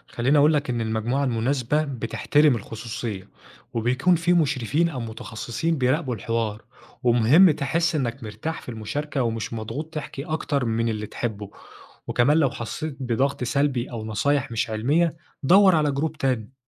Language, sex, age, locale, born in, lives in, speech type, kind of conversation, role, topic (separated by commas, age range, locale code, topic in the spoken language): Arabic, male, 25-29, ar-EG, Egypt, Egypt, read, expert-assistant, expert, إيه الأفضل لتحسين الصحة النفسية: العلاج النفسي ولا الأدوية؟